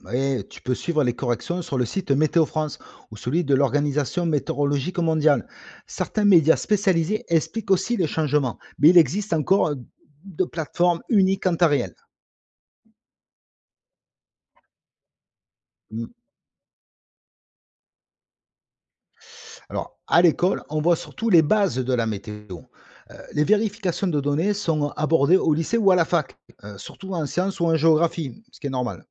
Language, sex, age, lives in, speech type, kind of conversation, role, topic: French, male, 45-49, France, read, expert-assistant, expert, Les records de température qu’on entend aux infos sont-ils fiables ?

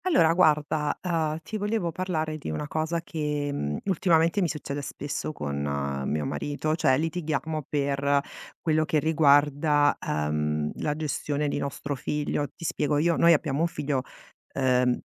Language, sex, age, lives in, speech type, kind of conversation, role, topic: Italian, female, 45-49, Italy, conversation, advice, user, Come possiamo gestire i litigi ricorrenti con il partner sulla gestione dei figli?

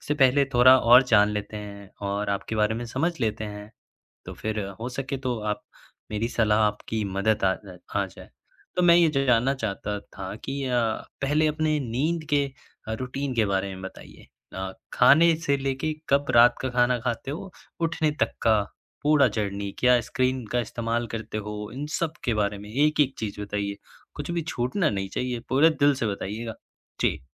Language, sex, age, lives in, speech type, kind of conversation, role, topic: Hindi, male, 20-24, India, conversation, advice, advisor, मुझे रात में नींद आने में दिक्कत होती है और सुबह उठते समय थकान रहती है, इसका क्या कारण हो सकता है?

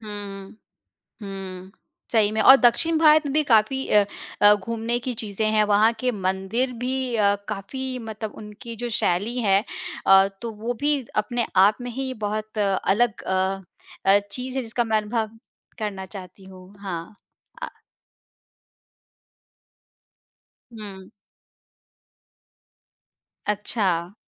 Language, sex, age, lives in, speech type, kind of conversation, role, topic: Hindi, female, 35-39, India, conversation, unstructured, none, आपके हिसाब से यात्रा करने से खुशियाँ कैसे मिलती हैं?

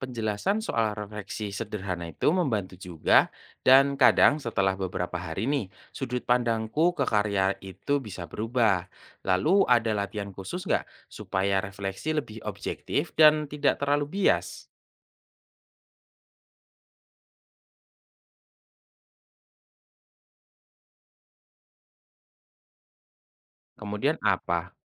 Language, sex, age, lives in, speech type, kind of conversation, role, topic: Indonesian, male, 25-29, Indonesia, read, expert-assistant, asker, Bagaimana menemukan inspirasi visual di sekitar kita untuk memperkaya karya seni sendiri?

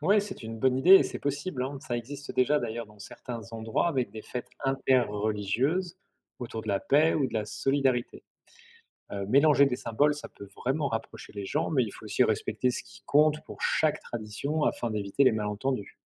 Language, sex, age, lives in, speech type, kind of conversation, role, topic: French, male, 45-49, France, read, expert-assistant, expert, Comment mixer des fêtes religieuses pour créer une nouvelle célébration commune ?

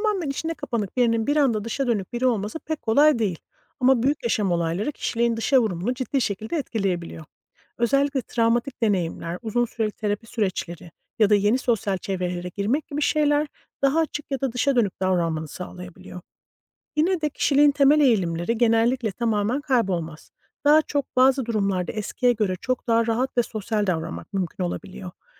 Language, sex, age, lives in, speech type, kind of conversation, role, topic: Turkish, female, 40-44, United States, read, expert-assistant, expert, İnsan Kişiliği Zamanla Değişir mi Yoksa Aynı mı Kalır?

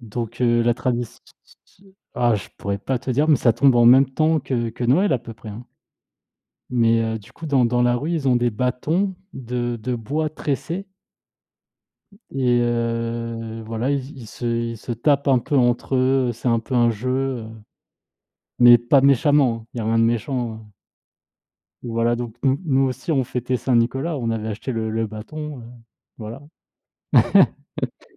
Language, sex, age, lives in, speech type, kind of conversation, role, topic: French, male, 30-34, France, conversation, unstructured, none, Que penses-tu de l’influence des cultures sur nos traditions familiales ?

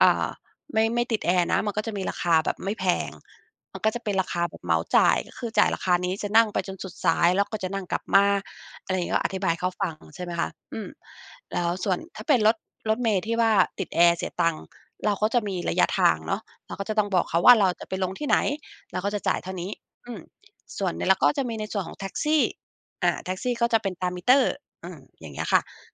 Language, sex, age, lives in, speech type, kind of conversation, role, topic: Thai, female, 45-49, United States, conversation, podcast, guest, มีทักษะอะไรบ้างที่ช่วยพาคุณไปสู่เส้นทางใหม่ได้?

